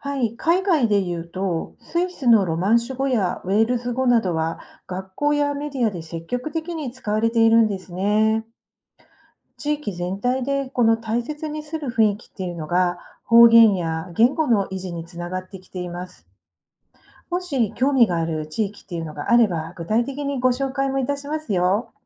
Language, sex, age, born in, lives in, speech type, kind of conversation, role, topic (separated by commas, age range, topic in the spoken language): Japanese, female, 50-54, Japan, Japan, read, expert-assistant, expert, 方言を守るにはどうすればよいですか？